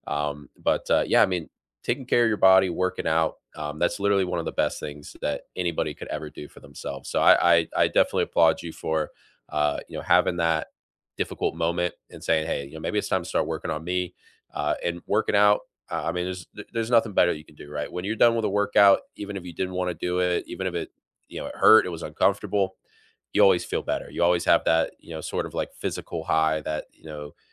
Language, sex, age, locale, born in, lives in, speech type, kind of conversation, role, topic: English, male, 25-29, en-US, United States, United States, conversation, unstructured, none, What simple rituals have been helping you feel grounded lately?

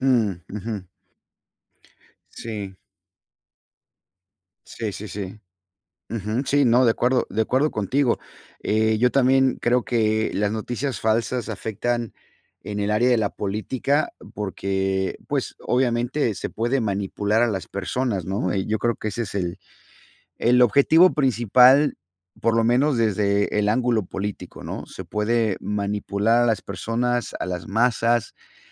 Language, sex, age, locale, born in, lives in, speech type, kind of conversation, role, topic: Spanish, male, 50-54, es-MX, United States, United States, conversation, unstructured, none, ¿Crees que las noticias falsas son un problema serio hoy?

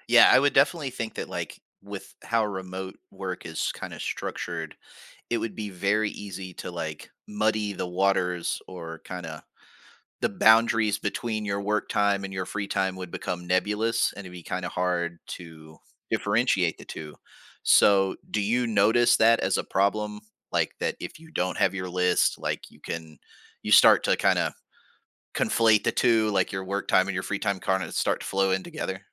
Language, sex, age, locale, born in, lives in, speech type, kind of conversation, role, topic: English, male, 40-44, en-US, United States, United States, conversation, podcast, host, How do you create healthy boundaries between your work and personal life?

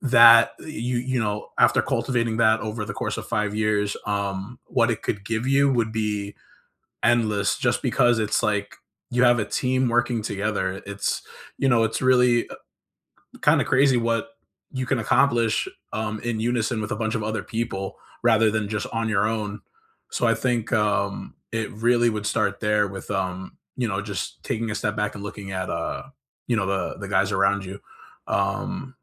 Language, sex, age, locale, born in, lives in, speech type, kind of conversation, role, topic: English, male, 25-29, en-US, United States, United States, conversation, unstructured, none, What would a richer circle of relationships look like in five years, and what would it give you?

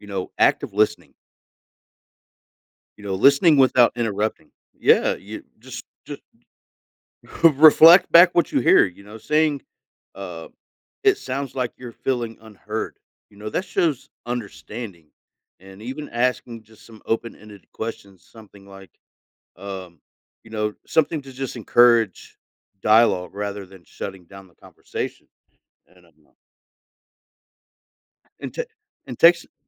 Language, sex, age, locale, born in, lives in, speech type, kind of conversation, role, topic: English, male, 40-44, en-US, United States, United States, conversation, podcast, guest, How does understanding others' feelings shape the way we make moral choices?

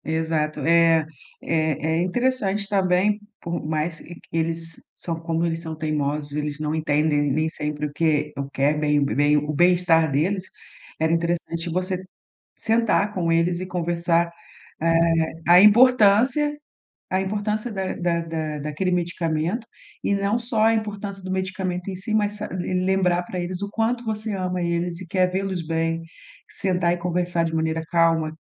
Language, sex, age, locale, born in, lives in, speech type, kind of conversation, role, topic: Portuguese, female, 40-44, pt-BR, Brazil, Portugal, conversation, advice, advisor, Como posso garantir que meu pai idoso tome os medicamentos corretamente sem brigas?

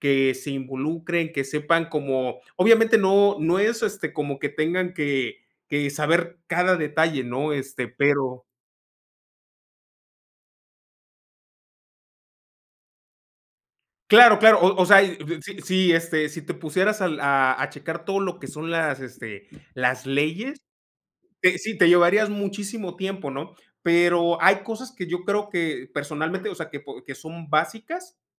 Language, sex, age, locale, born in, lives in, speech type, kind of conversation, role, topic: Spanish, male, 35-39, es-MX, Mexico, Mexico, conversation, unstructured, none, ¿Crees que es importante que los jóvenes participen en la política?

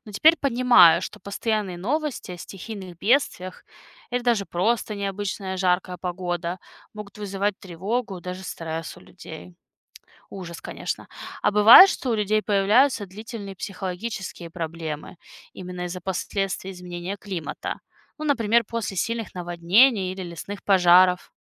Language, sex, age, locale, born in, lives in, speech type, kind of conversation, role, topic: Russian, female, 30-34, ru-RU, Russia, United States, read, expert-assistant, asker, Как отличить достоверную научную информацию о климате от мифов и неподтверждённых данных в интернете?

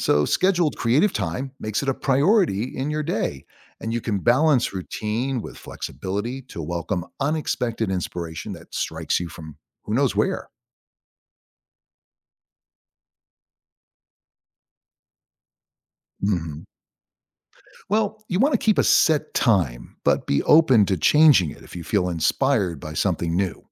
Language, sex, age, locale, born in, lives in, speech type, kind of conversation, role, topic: English, male, 60-64, en-US, United States, United States, read, expert-assistant, expert, How can I cultivate creativity in my daily life?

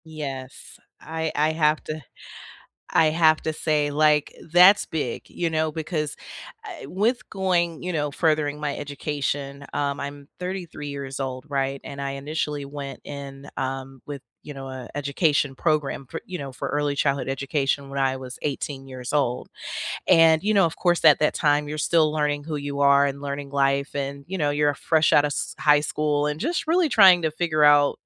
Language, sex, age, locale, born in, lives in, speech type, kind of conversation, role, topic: English, female, 30-34, en-US, United States, United States, conversation, unstructured, none, How do you recharge after a long, stressful week?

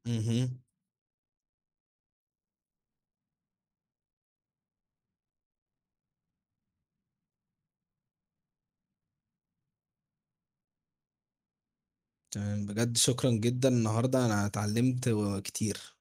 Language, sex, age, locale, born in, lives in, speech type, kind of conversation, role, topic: Arabic, male, 18-19, ar-EG, Egypt, Egypt, read, expert-assistant, asker, لو فكرت تدمج بين التكنولوجيا والعادات المصرية، إزاي ممكن نحسّن صحة الناس؟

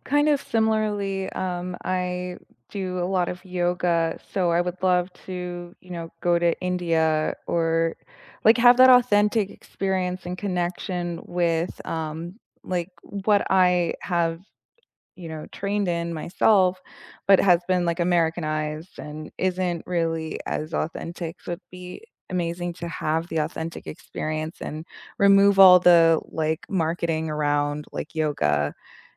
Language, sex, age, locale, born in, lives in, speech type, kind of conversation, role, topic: English, female, 30-34, en-US, United States, United States, conversation, unstructured, none, What small cultural habits have you picked up from other places?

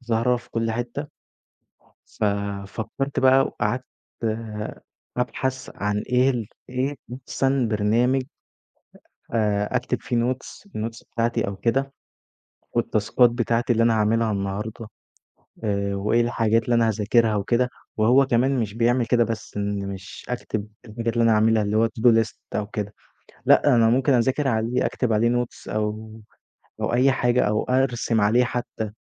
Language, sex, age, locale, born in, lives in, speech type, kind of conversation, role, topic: Arabic, male, 20-24, ar-EG, Egypt, Egypt, conversation, podcast, guest, إزاي تتغلب على التسويف وتزوّد إنتاجيتك باستخدام تطبيقات التنظيم؟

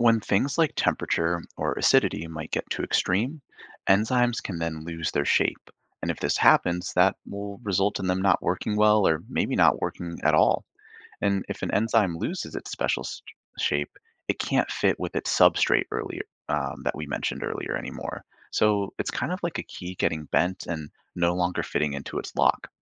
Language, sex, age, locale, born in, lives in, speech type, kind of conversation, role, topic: English, male, 25-29, en-US, Canada, United States, read, expert-assistant, expert, How do enzymes speed up reactions in organisms?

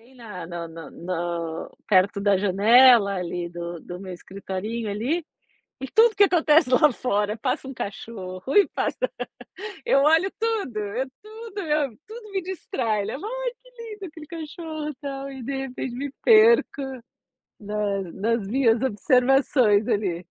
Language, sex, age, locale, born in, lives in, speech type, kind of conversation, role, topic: Portuguese, female, 45-49, pt-BR, Brazil, United States, conversation, advice, user, Como posso manter uma rotina produtiva quando sinto que estou sempre perdendo o foco?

